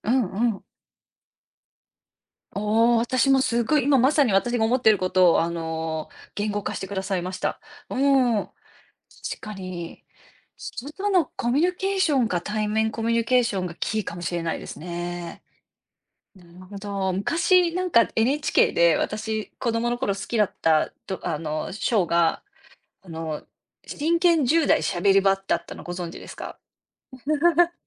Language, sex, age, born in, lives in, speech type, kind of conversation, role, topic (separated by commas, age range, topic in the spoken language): Japanese, female, 40-44, Japan, United States, conversation, unstructured, none, 最近のスマートフォンで一番便利だと思う機能は何ですか？